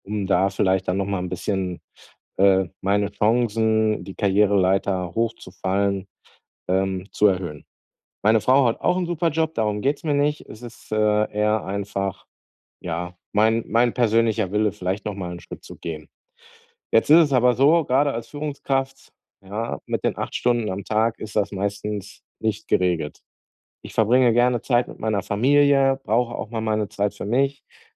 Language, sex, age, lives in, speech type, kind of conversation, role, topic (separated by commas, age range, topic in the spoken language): German, male, 40-44, Germany, conversation, advice, user, Wie viel Zeit sollte ich in die Arbeit investieren, ohne meine Lebenszufriedenheit zu vernachlässigen?